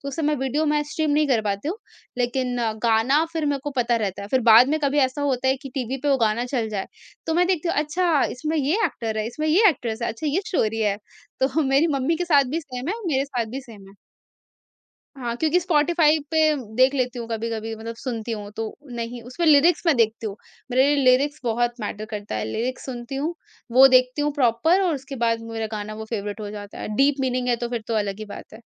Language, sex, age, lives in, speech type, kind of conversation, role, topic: Hindi, female, 45-49, India, conversation, podcast, guest, Bata do, kaunsi simple hobby tumhein har roz khush karti hai?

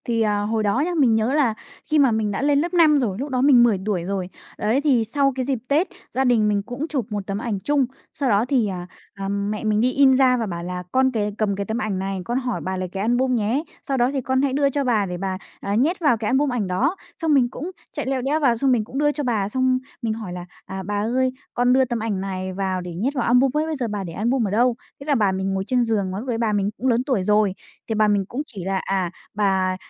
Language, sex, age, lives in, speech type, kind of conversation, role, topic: Vietnamese, female, 20-24, Vietnam, conversation, podcast, guest, Nếu một món đồ trong nhà có thể kể chuyện, nó sẽ kể cho bạn kỷ niệm gì?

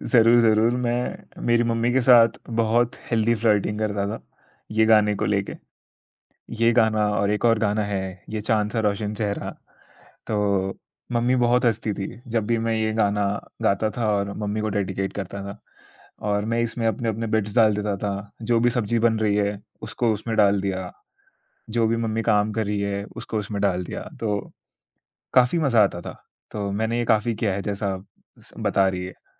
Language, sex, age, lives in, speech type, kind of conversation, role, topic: Hindi, male, 20-24, India, conversation, podcast, guest, Kaunsa gaana sunte hi tumhe maa ke haath ka khaana yaad aa jaata hai?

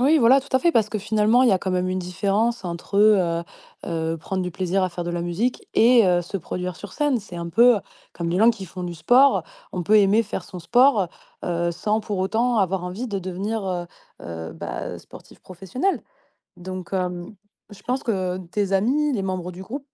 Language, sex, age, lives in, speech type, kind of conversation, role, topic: French, female, 30-34, France, conversation, advice, advisor, Pourquoi ai-je perdu l’intérêt pour mes loisirs habituels ?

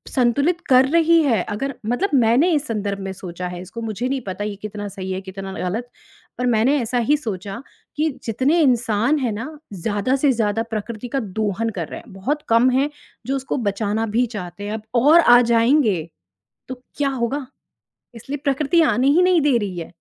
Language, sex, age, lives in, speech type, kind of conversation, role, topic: Hindi, female, 35-39, India, conversation, podcast, guest, शहरी भागदौड़ के बीच अचानक हरियाली मिलना कैसा लगता है?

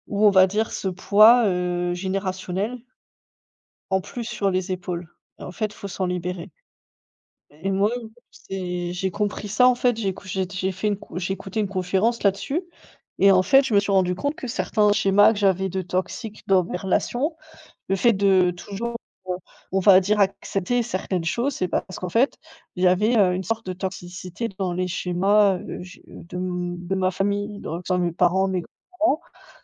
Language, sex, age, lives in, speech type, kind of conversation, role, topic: French, female, 30-34, Germany, conversation, unstructured, none, Comment transformez-vous vos erreurs en enseignements ?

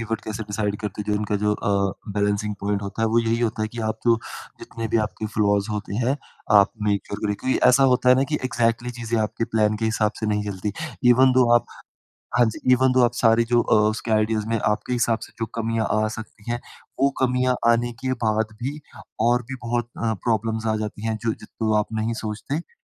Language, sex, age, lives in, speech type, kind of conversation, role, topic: Hindi, female, 55-59, India, conversation, podcast, guest, Idea se execution tak tumhara typical flow kaisa hota hai?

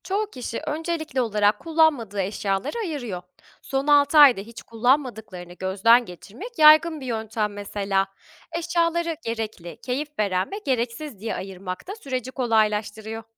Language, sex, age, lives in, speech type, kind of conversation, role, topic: Turkish, female, 25-29, Germany, read, expert-assistant, expert, Minimalist bir yaşam tarzının popülerleşmesinin arkasındaki temel sebepler nelerdir?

